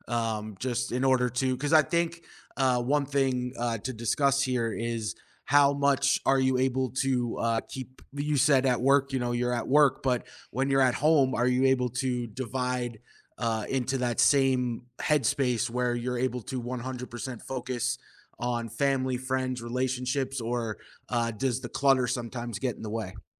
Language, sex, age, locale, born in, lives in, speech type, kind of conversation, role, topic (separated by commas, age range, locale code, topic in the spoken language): English, male, 30-34, en-US, United States, United States, conversation, podcast, host, How do you stay motivated and focused when life takes an unexpected turn?